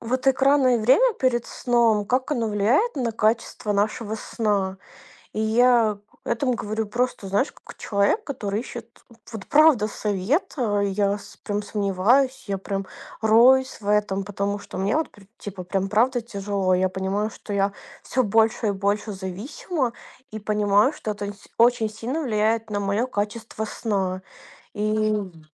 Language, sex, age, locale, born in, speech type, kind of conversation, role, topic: Russian, female, 25-29, ru-RU, Ukraine, conversation, advice, user, Как сократить экранное время вечером перед сном, чтобы лучше спать?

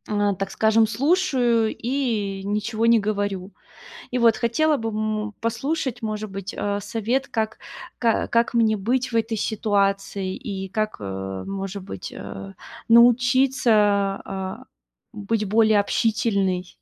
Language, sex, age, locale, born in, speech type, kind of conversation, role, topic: Russian, female, 30-34, ru-RU, Russia, conversation, advice, user, Как справиться с неловкостью на первых встречах, когда хочется завести новых друзей?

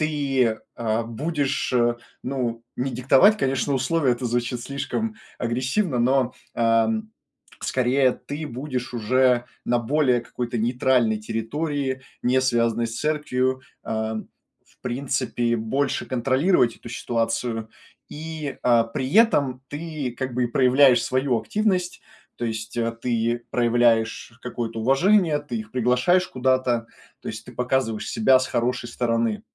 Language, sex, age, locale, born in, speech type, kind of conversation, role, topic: Russian, male, 25-29, ru-RU, Russia, conversation, advice, advisor, Как вежливо отказываться от приглашений, чтобы никого не обидеть и сохранить хорошие отношения?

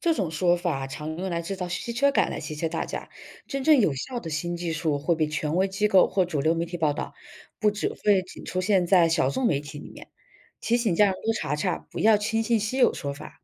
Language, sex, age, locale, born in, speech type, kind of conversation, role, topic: Chinese, female, 25-29, zh-CN, China, read, expert-assistant, expert, 社交媒体上出现的健康信息，比如某些药物或疗法，如何判断其来源和准确性？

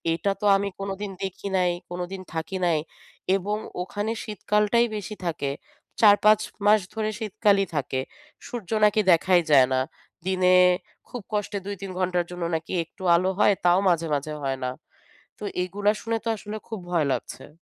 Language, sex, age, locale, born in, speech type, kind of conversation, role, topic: Bengali, female, 30-34, bn-BD, Bangladesh, conversation, advice, user, নতুন শহরের ভিন্ন আবহাওয়া ও তাপমাত্রায় কীভাবে মানিয়ে নেব?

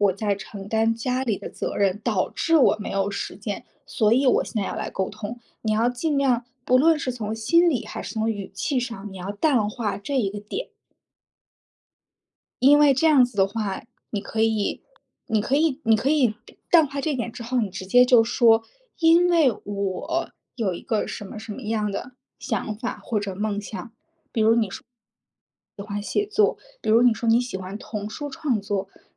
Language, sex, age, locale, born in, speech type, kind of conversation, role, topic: Chinese, female, 30-34, zh-CN, China, conversation, advice, advisor, 工作和家庭挤占创意时间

